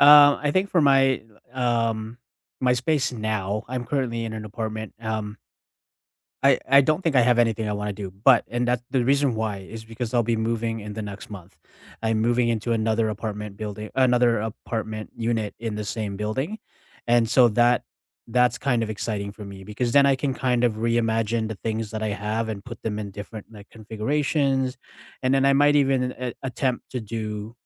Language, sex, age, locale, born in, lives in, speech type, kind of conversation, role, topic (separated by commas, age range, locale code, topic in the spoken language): English, male, 40-44, en-CA, Canada, Canada, conversation, unstructured, none, How do you decide what to do yourself and when to call a professional?